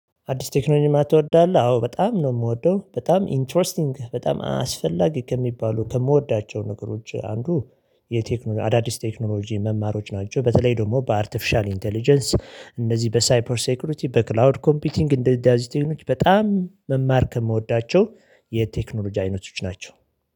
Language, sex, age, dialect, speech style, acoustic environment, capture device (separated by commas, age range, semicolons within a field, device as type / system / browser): Amharic, male, 35-44, Ethiopia - Addis Ababa, excited, nature, mobile / Linux / Chrome